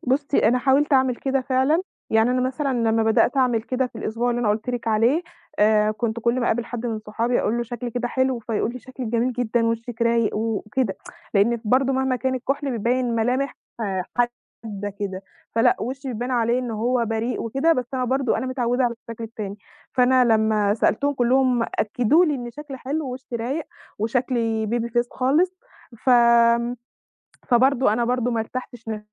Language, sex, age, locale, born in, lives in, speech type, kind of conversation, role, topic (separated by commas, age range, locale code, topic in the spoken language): Arabic, female, 20-24, ar-EG, Egypt, Egypt, conversation, advice, user, إزاي شعورك تجاه شكل جسمك بيأثر على ثقتك بنفسك كل يوم؟